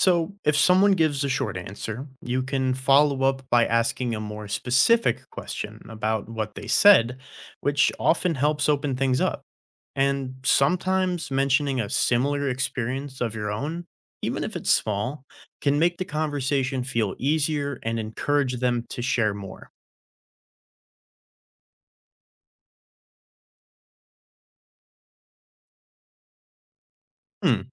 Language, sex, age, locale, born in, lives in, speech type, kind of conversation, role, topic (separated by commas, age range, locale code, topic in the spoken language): English, male, 20-24, en-US, United States, United States, read, expert-assistant, expert, How can you learn from people who are different from you?